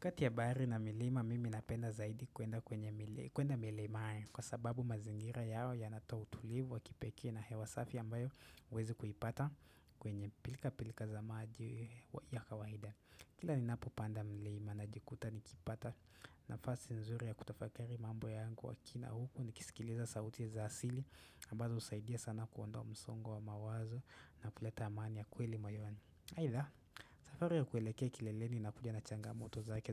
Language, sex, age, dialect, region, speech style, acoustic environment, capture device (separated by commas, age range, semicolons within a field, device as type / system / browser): Swahili, male, 18-24, Kenya - Nairobi Sheng-influenced, Kenya, relaxed; focused, nature, desktop / Windows / Chrome